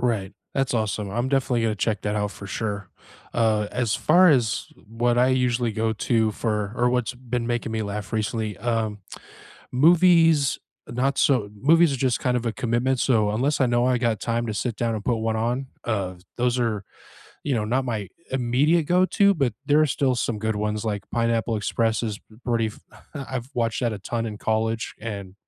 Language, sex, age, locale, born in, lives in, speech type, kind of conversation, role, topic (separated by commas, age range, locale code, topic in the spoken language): English, male, 35-39, en-US, United States, United States, conversation, unstructured, none, What was the last thing that genuinely made you laugh?